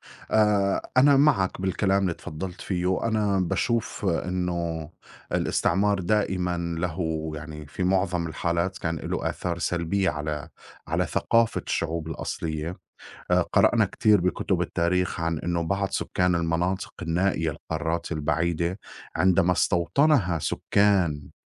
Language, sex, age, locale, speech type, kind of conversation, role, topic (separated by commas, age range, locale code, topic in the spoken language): Arabic, male, 40-44, ar-SY, conversation, unstructured, none, إيه رأيك في تأثير الاستعمار على الشعوب الأصلية؟